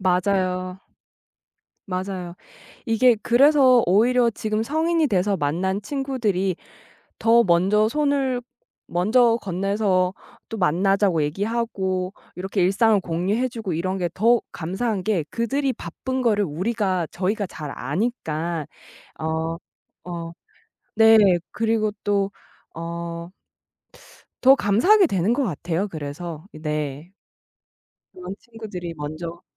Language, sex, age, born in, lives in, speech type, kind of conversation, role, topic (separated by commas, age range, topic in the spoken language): Korean, female, 30-34, South Korea, United States, conversation, podcast, host, 친구 사이에서 신뢰는 어떻게 쌓인다고 보세요?